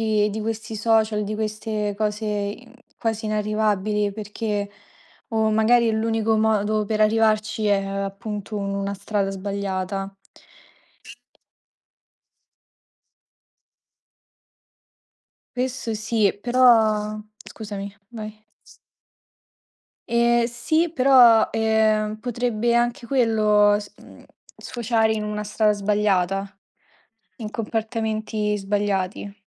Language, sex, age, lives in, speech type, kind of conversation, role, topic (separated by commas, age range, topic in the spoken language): Italian, female, 20-24, Italy, conversation, unstructured, none, Cosa ne pensi della pressione sociale di dover essere sempre in forma?